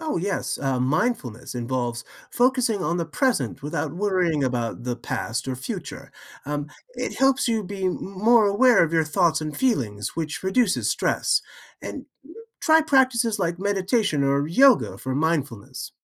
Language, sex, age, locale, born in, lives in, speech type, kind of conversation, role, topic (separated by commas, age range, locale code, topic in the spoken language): English, male, 55-59, en-US, United States, United States, read, expert-assistant, expert, What are effective ways to reduce stress?